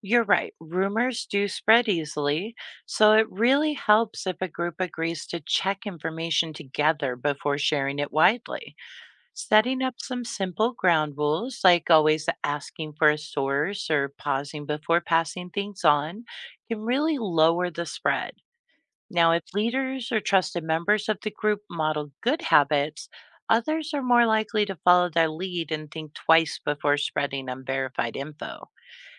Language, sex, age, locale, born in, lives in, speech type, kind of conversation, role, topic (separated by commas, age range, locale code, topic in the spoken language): English, female, 45-49, en-US, United States, United States, read, expert-assistant, expert, How can you identify and address rumors?